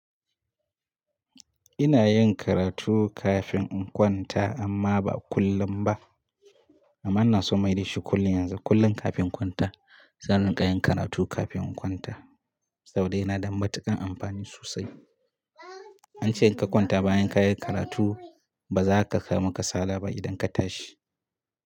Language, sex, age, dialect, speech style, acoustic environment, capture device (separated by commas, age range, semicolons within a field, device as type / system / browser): Hausa, male, 25-34, Nigeria - Katsina, happy; relaxed, nature, mobile / Linux / Chrome